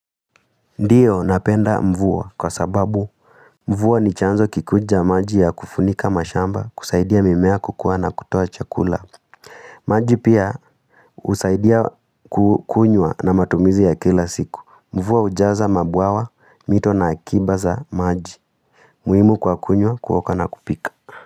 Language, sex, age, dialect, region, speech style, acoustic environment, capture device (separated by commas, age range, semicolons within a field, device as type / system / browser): Swahili, female, 18-24, Kenya - Nairobi Sheng-influenced, Kenya, nervous; excited; happy, silence, mobile / Linux / Chrome